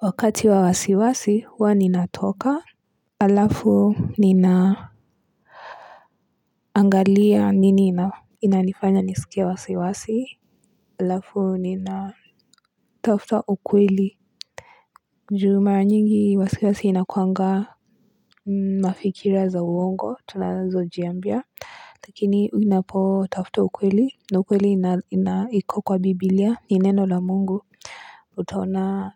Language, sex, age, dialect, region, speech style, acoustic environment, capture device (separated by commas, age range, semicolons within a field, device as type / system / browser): Swahili, female, 18-24, Kenya - Nairobi Sheng-influenced, Kenya, relaxed, silence, mobile / Linux / Chrome